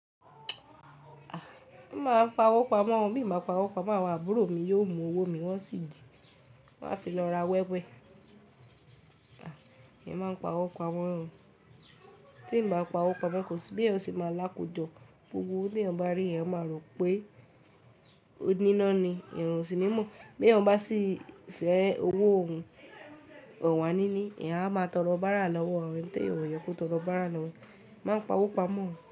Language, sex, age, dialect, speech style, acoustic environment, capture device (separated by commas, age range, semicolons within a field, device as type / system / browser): Yoruba, female, 18-24, Nigeria - Ibadan, focused, silence, mobile / Linux / Chrome